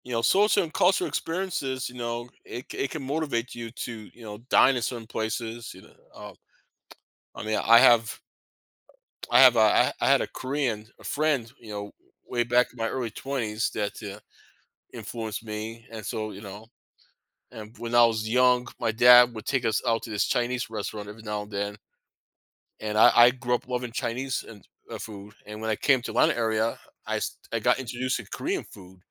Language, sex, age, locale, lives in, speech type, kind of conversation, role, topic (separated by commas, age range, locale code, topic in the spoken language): English, male, 50-54, en-US, United States, conversation, unstructured, none, What factors influence your choice between making meals at home or going out to eat?